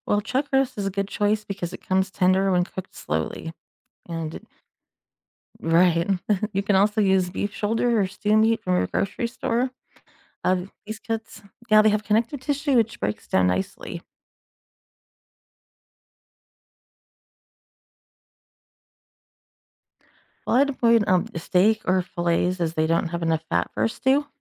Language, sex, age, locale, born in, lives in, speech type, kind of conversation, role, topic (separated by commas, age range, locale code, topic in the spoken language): English, female, 40-44, en-US, United States, United States, read, expert-assistant, expert, How to cook beef stew in a slow cooker?